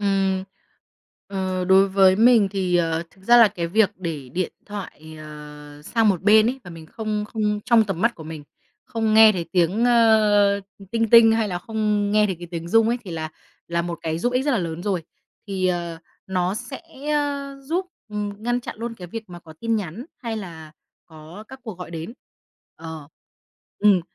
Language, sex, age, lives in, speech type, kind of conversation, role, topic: Vietnamese, female, 25-29, Vietnam, conversation, podcast, guest, Thói quen nào giúp bạn dễ vào trạng thái tập trung sâu?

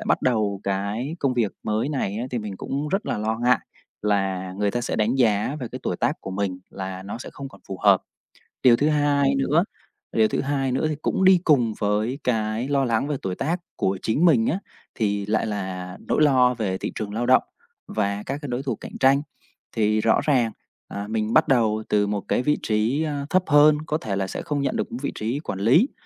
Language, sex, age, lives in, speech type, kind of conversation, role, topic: Vietnamese, male, 30-34, Vietnam, conversation, advice, user, Làm sao vượt qua nỗi sợ đổi nghề khi đang ở giữa chặng đường sự nghiệp?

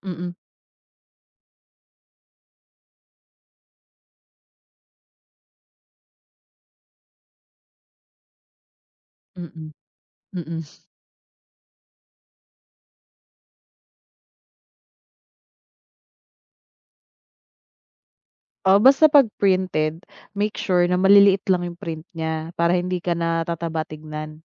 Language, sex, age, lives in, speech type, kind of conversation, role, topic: Filipino, female, 30-34, Philippines, conversation, advice, advisor, Paano ko malalaman ang tamang sukat ng damit para sa akin?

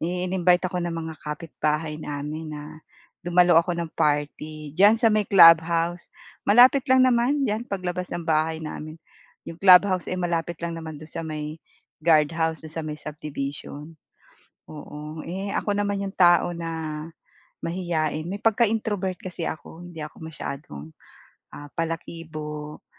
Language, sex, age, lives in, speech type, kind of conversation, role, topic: Filipino, female, 45-49, Philippines, conversation, advice, user, Paano ako makakasali sa saya ng party nang hindi nahihiya?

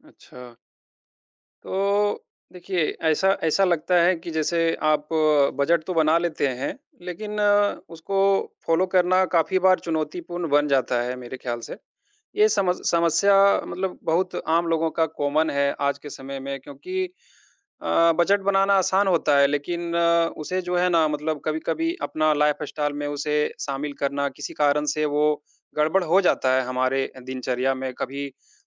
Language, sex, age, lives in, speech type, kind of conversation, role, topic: Hindi, male, 30-34, India, conversation, advice, advisor, बजट बनाने के बावजूद आप अपने खर्चों पर नियंत्रण क्यों नहीं रख पा रहे/रही हैं?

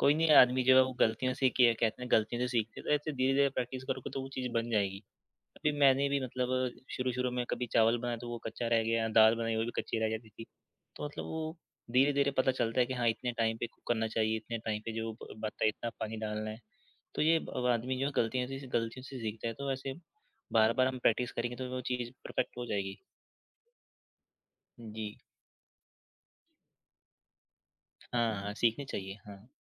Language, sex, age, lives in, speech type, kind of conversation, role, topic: Hindi, male, 20-24, India, conversation, unstructured, none, आपने पहली बार खाना बनाना कब और कैसे सीखा?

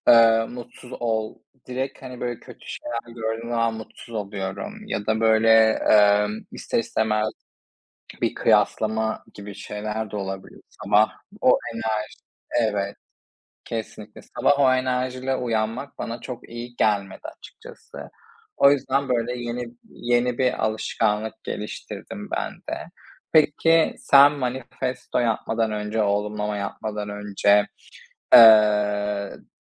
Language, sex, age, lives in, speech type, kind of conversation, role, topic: Turkish, male, 25-29, Spain, conversation, unstructured, none, Güne başlarken en sevdiğin alışkanlık nedir?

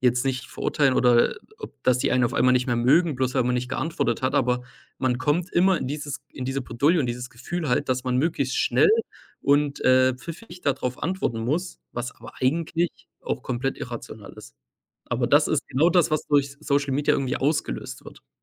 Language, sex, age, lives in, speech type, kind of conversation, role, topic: German, male, 25-29, Germany, conversation, unstructured, none, Wie beeinflussen soziale Medien unser Miteinander?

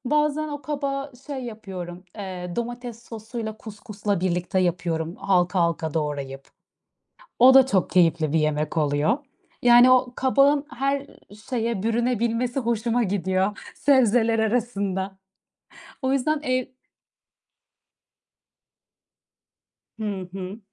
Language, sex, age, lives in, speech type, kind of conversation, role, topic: Turkish, female, 30-34, Netherlands, conversation, unstructured, none, En sevdiğin yemek hangisi ve onu neden seviyorsun?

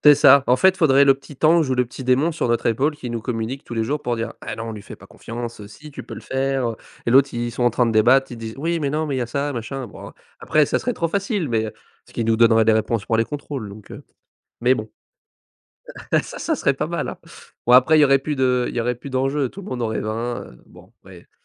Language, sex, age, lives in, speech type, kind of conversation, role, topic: French, male, 20-24, France, conversation, podcast, host, Quel conseil donnerais-tu à toi-même à 15 ans ?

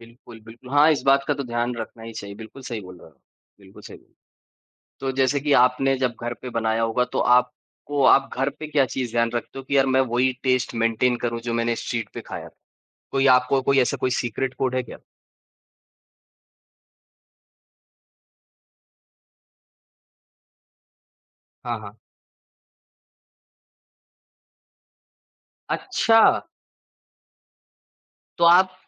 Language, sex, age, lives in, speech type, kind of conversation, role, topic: Hindi, male, 25-29, India, conversation, podcast, host, Street food ya home cooking mein tum kya explore karte ho?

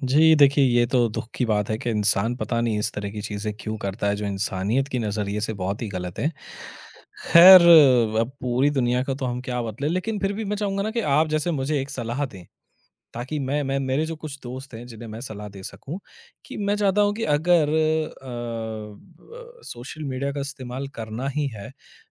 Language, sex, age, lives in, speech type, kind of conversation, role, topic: Hindi, male, 30-34, India, conversation, podcast, host, क्या सोशल मीडिया लोगों को ज़िम्मेदारी के साथ जोड़ता है या उन्हें अलग कर देता है?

